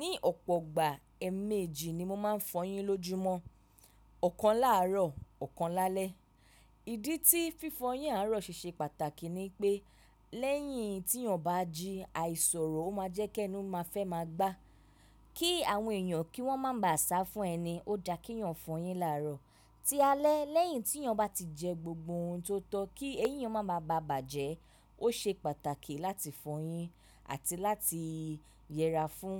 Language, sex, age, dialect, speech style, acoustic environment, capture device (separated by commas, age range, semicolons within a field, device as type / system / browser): Yoruba, female, 25-34, Nigeria - Lagos Yoruba, relaxed; focused, silence, mobile / macOS / Safari